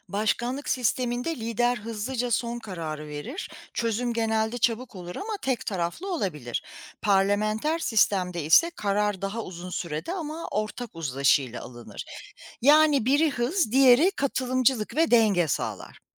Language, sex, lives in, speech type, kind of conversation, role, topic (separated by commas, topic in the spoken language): Turkish, female, United States, read, expert-assistant, expert, Bir ülkenin yönetim sistemi bir aile yapısına benzetilecek olsa, başkanlık ve parlamenter sistemler için nasıl örnekler verilebilir?